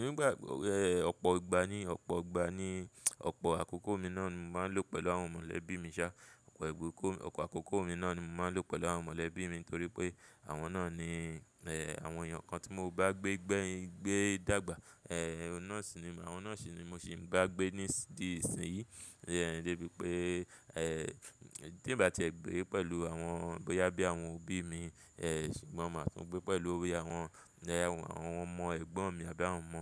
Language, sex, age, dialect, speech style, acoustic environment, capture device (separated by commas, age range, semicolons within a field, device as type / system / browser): Yoruba, male, 25-34, Nigeria - Lagos Yoruba, focused, traffic; people talking, mobile / Linux / Chrome